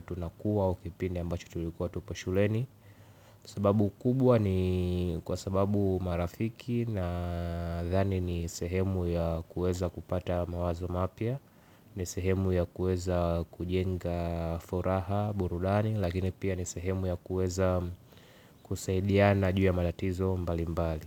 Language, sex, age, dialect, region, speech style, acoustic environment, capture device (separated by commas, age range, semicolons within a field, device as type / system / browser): Swahili, male, 18-24, Tanzania - Dar es Salaam, Tanzania, focused, silence, mobile / Linux / Chrome